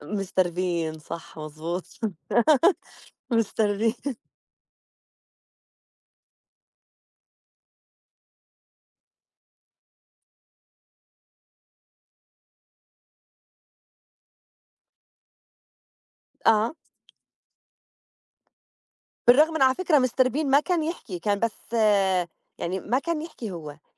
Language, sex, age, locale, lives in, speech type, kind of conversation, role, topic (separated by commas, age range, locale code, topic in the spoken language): Arabic, female, 35-39, ar-JO, United States, conversation, unstructured, none, إيه اللي بيميز أفلام الرسوم المتحركة عن باقي الأفلام؟